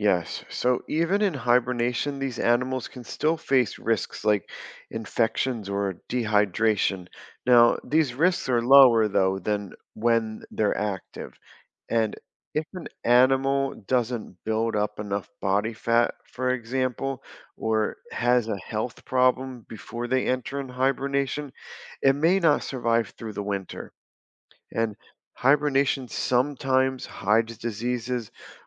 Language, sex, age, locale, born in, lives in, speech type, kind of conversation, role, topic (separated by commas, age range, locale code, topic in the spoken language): English, male, 35-39, en-US, United States, United States, read, expert-assistant, expert, How do animals survive winter without hibernating?